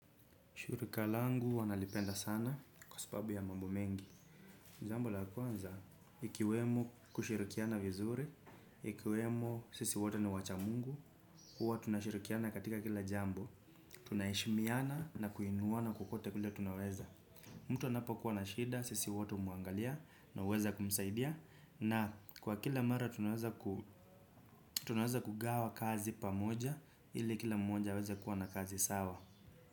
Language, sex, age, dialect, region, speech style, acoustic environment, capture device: Swahili, male, 25-34, Kenya - Nairobi Sheng-influenced, Kenya, relaxed, silence, desktop / Windows / Chrome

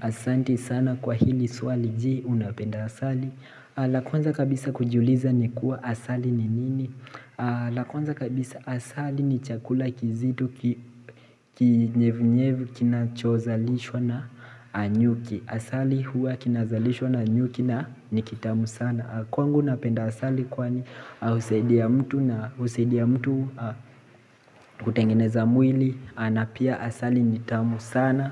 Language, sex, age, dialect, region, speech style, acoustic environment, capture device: Swahili, male, 18-24, Kenya - Mombasa, Kenya, relaxed, appliances; people talking, mobile / Linux / Chrome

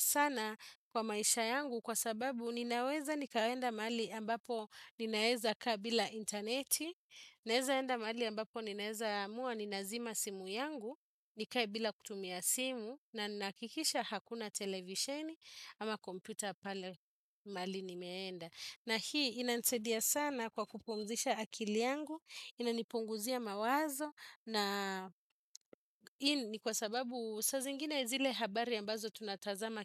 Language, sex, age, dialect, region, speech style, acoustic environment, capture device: Swahili, female, 35-44, Kenya - Nairobi Sheng-influenced, Kenya, relaxed, silence, desktop / Windows / Chrome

